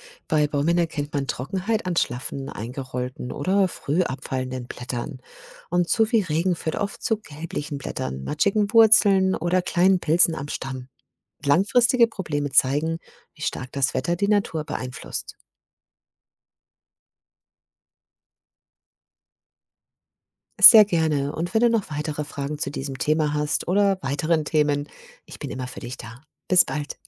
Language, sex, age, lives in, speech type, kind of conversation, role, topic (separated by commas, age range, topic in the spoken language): German, female, 50-54, Germany, read, expert-assistant, expert, Wie kann ich selber nachvollziehen, wie der Klimawandel unsere Umwelt beeinflusst, und welche Hilfsmittel unterstützen dabei?